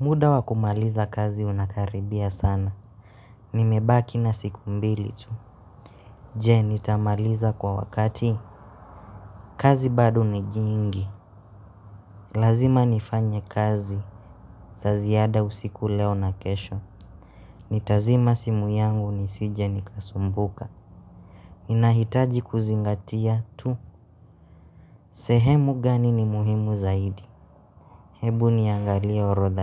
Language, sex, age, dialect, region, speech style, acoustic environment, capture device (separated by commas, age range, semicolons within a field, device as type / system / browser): Swahili, male, 25-34, Kenya - Nairobi Sheng-influenced, Kenya, excited, nature, mobile / Linux / Chrome